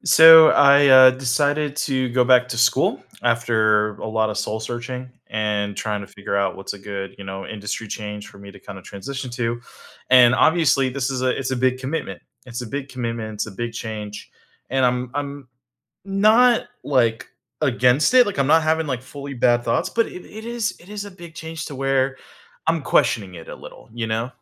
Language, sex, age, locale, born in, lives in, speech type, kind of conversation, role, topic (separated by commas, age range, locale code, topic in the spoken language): English, male, 30-34, en-US, United States, United States, conversation, advice, user, How can I cope with uncertainty about a big life change?